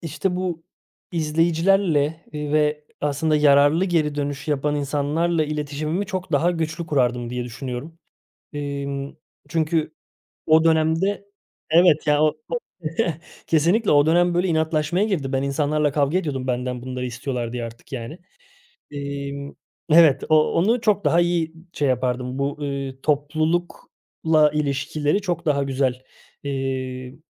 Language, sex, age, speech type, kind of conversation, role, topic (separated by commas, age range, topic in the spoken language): Turkish, male, 30-34, conversation, podcast, guest, Başkalarının beklentileri yaratıcı kararlarını nasıl etkiler?